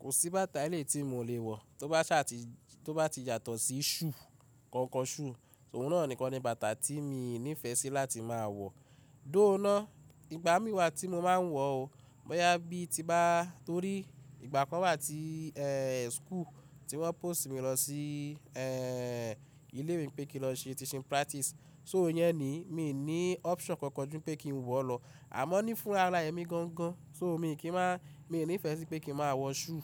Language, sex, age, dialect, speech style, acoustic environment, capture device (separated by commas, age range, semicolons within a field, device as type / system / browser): Yoruba, male, 25-34, Nigeria - Ibadan, anxious, nature, mobile / Linux / Chrome